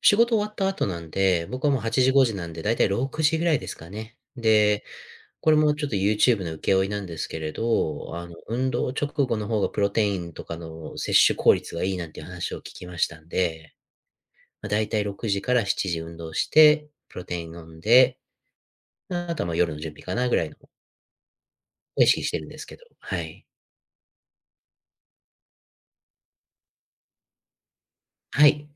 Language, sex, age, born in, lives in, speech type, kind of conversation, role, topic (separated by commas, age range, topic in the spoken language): Japanese, male, 30-34, United States, United States, conversation, advice, user, ジムで周りと比べて自信が持てず不安になってしまうのはなぜですか？